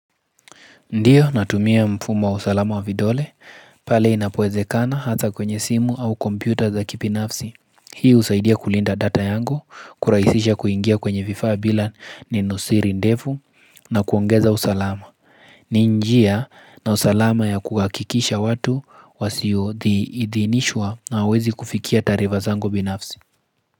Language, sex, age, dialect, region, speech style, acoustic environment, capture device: Swahili, male, 25-34, Kenya - Nairobi Sheng-influenced, Kenya, focused, nature, mobile / Linux / Chrome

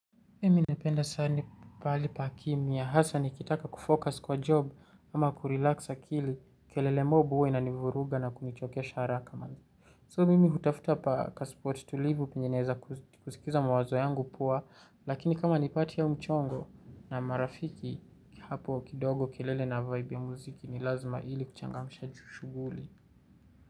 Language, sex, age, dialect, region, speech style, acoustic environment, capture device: Swahili, male, 18-24, Kenya - Nairobi Sheng-influenced, Kenya, relaxed, silence, mobile / Linux / Chrome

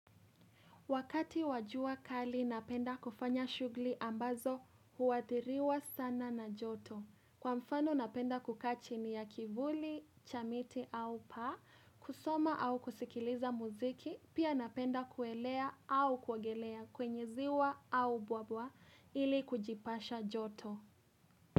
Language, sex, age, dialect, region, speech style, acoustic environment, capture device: Swahili, female, 18-24, Kenya - Nairobi Sheng-influenced, Kenya, happy; relaxed; excited, appliances, mobile / Linux / Chrome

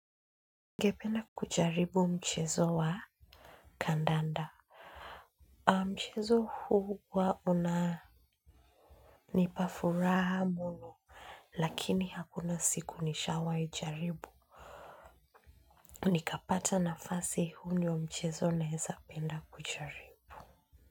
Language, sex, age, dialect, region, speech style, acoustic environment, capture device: Swahili, female, 25-34, Kenya - Nairobi Sheng-influenced, Kenya, focused, silence; nature, mobile / Linux / Chrome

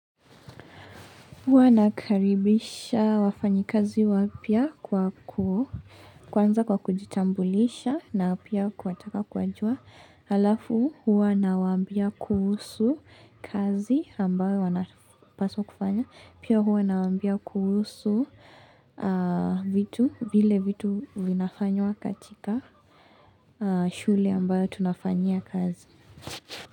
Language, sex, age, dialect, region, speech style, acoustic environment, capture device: Swahili, female, 18-24, Kenya - Mombasa, Kenya, relaxed, nature, mobile / Linux / Chrome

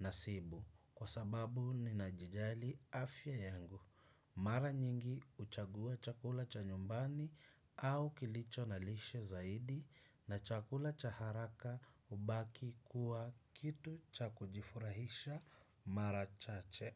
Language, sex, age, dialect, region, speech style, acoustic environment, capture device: Swahili, male, 25-34, Kenya - Mombasa, Kenya, focused; relaxed, nature, desktop / Windows / Chrome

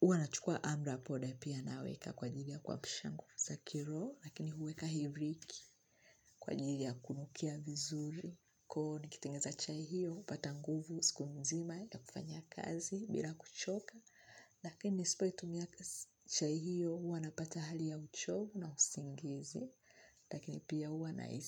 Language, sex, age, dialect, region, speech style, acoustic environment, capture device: Swahili, female, 25-34, Tanzania - Dar es Salaam, Tanzania, tired, nature, mobile / Linux / Chrome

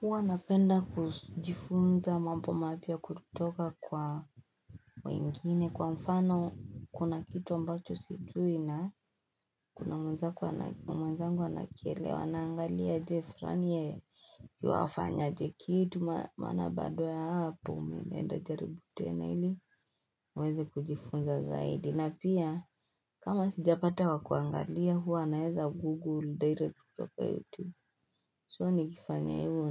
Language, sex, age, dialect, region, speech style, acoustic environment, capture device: Swahili, female, 18-24, Kenya - Nairobi Sheng-influenced, Kenya, anxious, people talking, desktop / Linux / Chrome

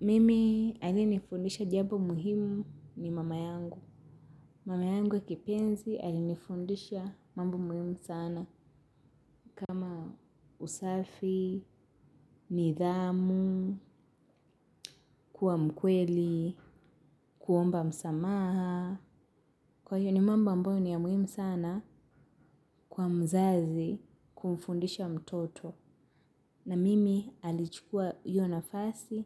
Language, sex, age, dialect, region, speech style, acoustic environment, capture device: Swahili, female, 18-24, Kenya - Nairobi Sheng-influenced, Kenya, happy; relaxed; nervous; excited; focused, silence; nature, mobile / Linux / Chrome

